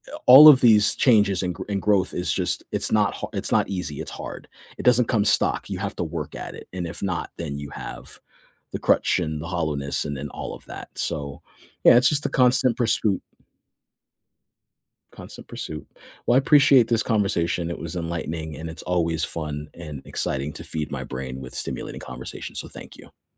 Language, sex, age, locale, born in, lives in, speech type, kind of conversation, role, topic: English, male, 40-44, en-US, United States, United States, conversation, unstructured, none, How does technology shape your sense of access and belonging in everyday life?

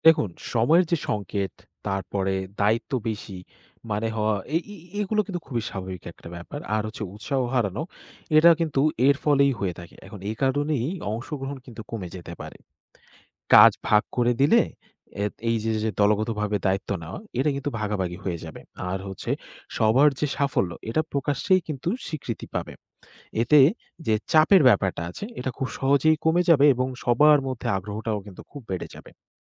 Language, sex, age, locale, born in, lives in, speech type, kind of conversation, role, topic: Bengali, male, 20-24, bn-BD, Bangladesh, Bangladesh, read, expert-assistant, expert, আমাদের সমাজে জনস্বাস্থ্য গুরুত্বপূর্ণ কেন?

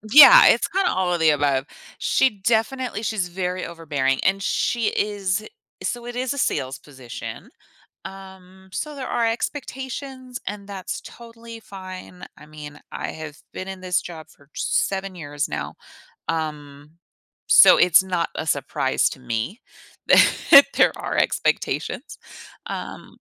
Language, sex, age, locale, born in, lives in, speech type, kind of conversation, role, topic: English, female, 35-39, en-US, United States, United States, conversation, advice, user, How can I meaningfully celebrate a recent personal achievement?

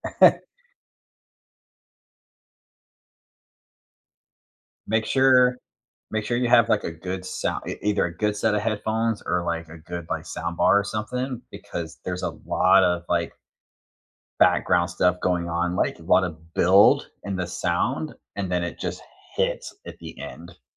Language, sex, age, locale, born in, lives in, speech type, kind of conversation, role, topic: English, male, 40-44, en-US, United States, United States, conversation, unstructured, none, What was the last song you listened to on repeat, and what made you keep replaying it?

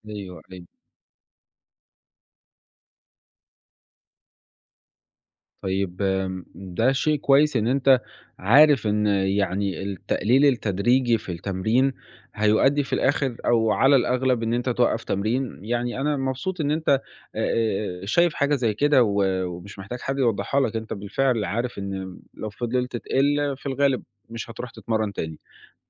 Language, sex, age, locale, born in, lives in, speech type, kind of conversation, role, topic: Arabic, male, 20-24, ar-EG, Egypt, Italy, conversation, advice, advisor, إزاي أخلي التمرين ممتع وأنا مش قادر أستمتع بيه؟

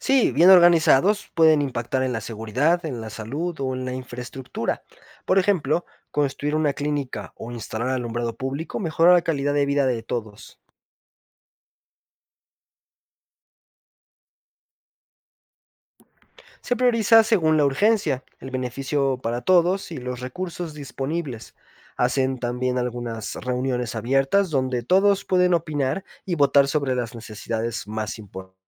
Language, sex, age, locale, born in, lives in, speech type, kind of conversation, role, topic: Spanish, male, 25-29, es-MX, Mexico, Mexico, read, expert-assistant, expert, ¿Es verdad que el dinero que envían los migrantes a sus familias puede cambiar la economía de un país?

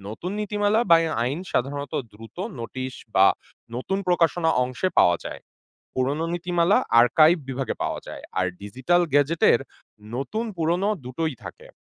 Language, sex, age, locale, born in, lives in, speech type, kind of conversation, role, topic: Bengali, male, 25-29, bn-BD, Bangladesh, Bangladesh, read, expert-assistant, expert, আমি যদি বাংলাদেশের বিভিন্ন সরকারি সিদ্ধান্ত ও নীতিমালা সম্পর্কে সহজে জানতে চাই, কোন কোন জায়গা বা মাধ্যম সবচেয়ে ভালো হবে?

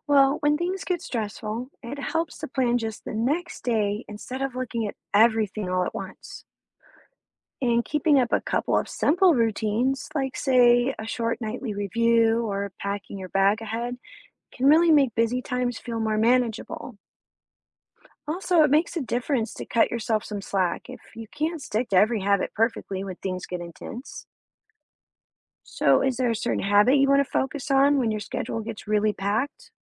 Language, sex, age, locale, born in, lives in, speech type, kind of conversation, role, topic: English, female, 35-39, en-US, United States, United States, read, expert-assistant, expert, How can students balance homework and free time?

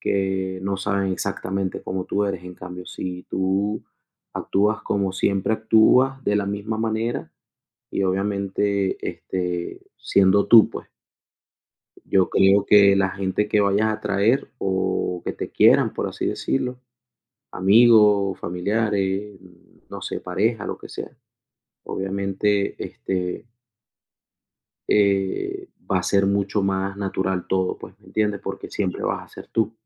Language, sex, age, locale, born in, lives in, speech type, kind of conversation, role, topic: Spanish, male, 30-34, es-VE, Venezuela, United States, conversation, unstructured, none, ¿Sientes que ser tú mismo es fácil o difícil?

